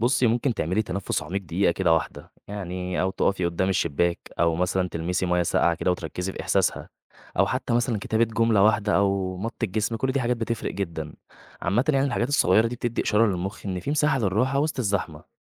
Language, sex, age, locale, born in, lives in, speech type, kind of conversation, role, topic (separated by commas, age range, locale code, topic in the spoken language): Arabic, male, 20-24, ar-EG, Egypt, Egypt, read, expert-assistant, expert, إزاي أقدر أوازن بين تعاطفي مع الناس اللي بساعدهم في الشغل الاجتماعي وحفاظي على صحتي النفسية؟